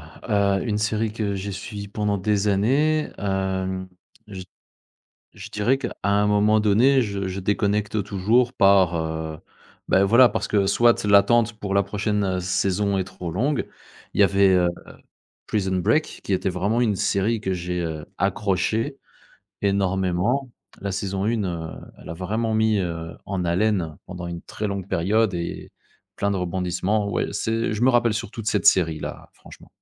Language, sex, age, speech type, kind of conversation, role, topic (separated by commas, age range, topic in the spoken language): French, male, 35-39, conversation, podcast, guest, Qu’est-ce qui, pour toi, rend une série addictive et captivante ?